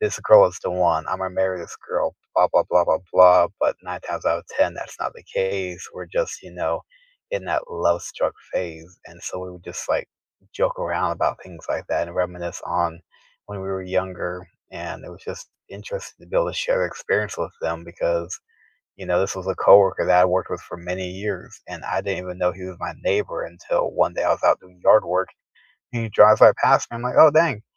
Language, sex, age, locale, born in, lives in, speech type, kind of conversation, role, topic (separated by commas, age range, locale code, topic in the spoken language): English, male, 30-34, en-US, United States, United States, conversation, unstructured, none, What was the last moment that made you genuinely laugh out loud, and what does it reveal about your sense of humor?